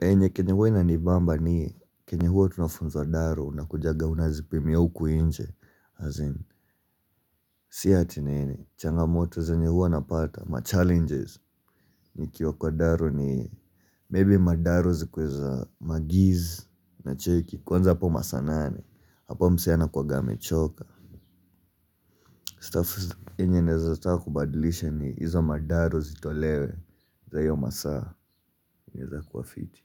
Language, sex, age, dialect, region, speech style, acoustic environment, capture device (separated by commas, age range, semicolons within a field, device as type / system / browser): Swahili, male, 18-24, Kenya - Nairobi Sheng-influenced, Kenya, relaxed, appliances; nature; people talking, mobile / Linux / Chrome